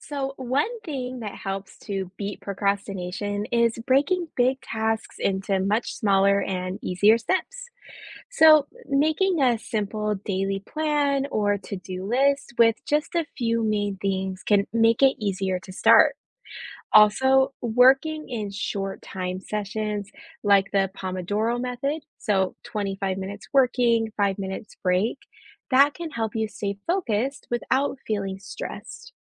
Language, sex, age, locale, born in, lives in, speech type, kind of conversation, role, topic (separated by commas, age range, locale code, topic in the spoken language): English, female, 30-34, en-US, United States, United States, read, expert-assistant, expert, What are some strategies for dealing with procrastination?